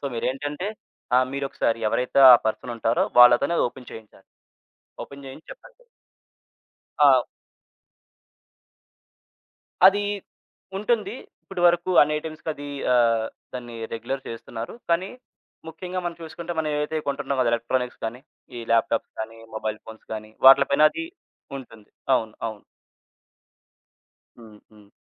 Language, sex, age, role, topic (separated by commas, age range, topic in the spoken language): Telugu, male, 20-24, guest, ఆన్‌లైన్‌ షాపింగ్‌ చేస్తూ మీరు ఏ ఏ జాగ్రత్తలు తీసుకుంటారు?